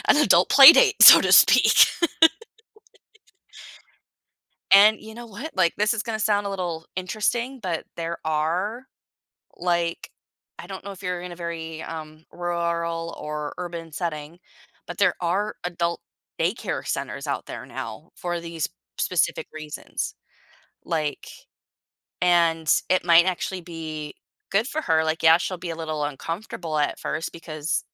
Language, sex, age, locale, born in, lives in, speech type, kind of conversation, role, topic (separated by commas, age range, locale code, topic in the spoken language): English, female, 30-34, en-US, United States, United States, conversation, advice, advisor, How do I manage sudden caregiving responsibilities and find the support I need?